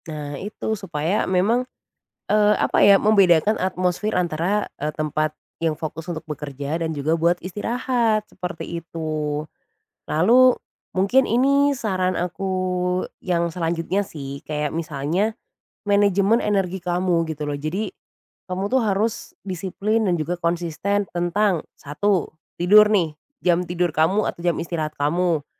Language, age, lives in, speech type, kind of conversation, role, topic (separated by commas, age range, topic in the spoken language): Indonesian, 25-29, Indonesia, conversation, advice, advisor, Mengapa saya mudah kehilangan fokus setelah istirahat singkat?